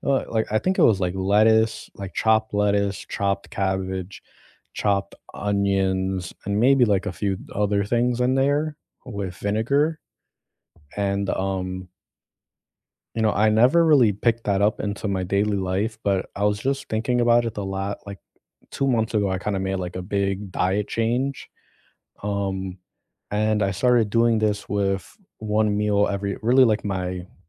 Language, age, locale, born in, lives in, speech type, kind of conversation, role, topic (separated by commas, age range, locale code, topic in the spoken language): English, 25-29, en-US, United States, United States, conversation, unstructured, none, What small cultural habits have you picked up from other places?